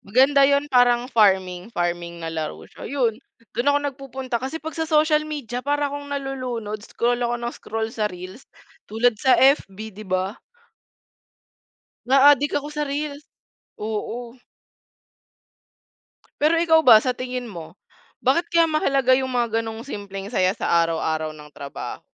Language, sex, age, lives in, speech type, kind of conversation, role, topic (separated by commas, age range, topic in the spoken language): Filipino, female, 20-24, Philippines, conversation, unstructured, none, Ano ang mga simpleng bagay na nagpapasaya sa iyo sa opisina?